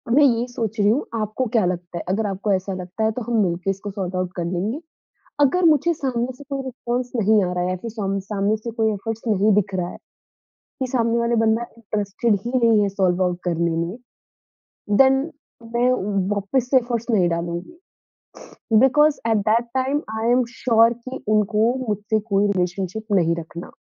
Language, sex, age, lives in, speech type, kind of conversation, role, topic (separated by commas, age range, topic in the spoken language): Hindi, female, 20-24, India, conversation, podcast, guest, Conflict ke baad pehli baar baat kaise shuru karte ho?